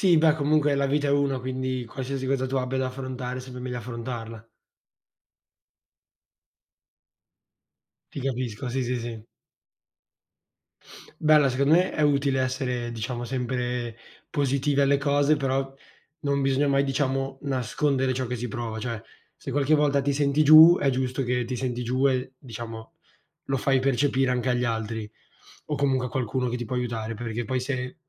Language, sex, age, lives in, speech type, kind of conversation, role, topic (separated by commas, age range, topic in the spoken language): Italian, male, 18-19, Italy, conversation, unstructured, none, Perché, secondo te, alcune persone sembrano nascondere la tristezza dietro un sorriso?